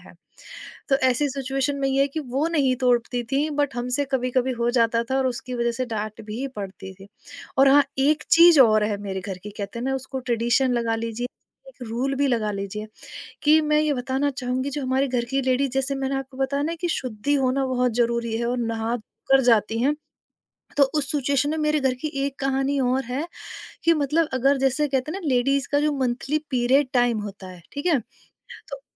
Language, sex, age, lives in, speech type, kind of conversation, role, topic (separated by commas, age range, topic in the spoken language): Hindi, female, 30-34, India, conversation, podcast, guest, Aapke parivaar ki sabse yaadgar parivaarik parampara kya hai?